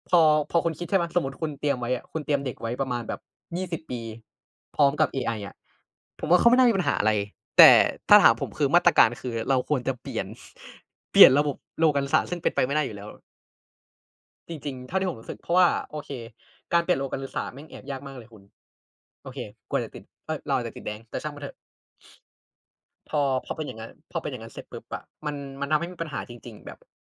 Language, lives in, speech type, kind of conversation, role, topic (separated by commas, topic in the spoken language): Thai, Thailand, conversation, unstructured, none, ถ้าเทคโนโลยีทำให้คนว่างงานมากขึ้น คุณคิดว่าเราควรแก้ปัญหานี้อย่างไร?